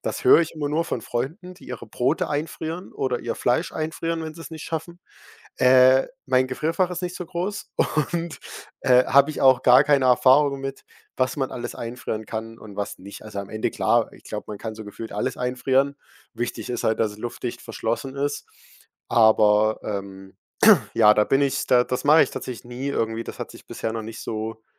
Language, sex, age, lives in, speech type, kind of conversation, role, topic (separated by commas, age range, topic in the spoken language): German, male, 18-19, Germany, conversation, podcast, guest, Was kann man ganz konkret gegen Lebensmittelverschwendung tun?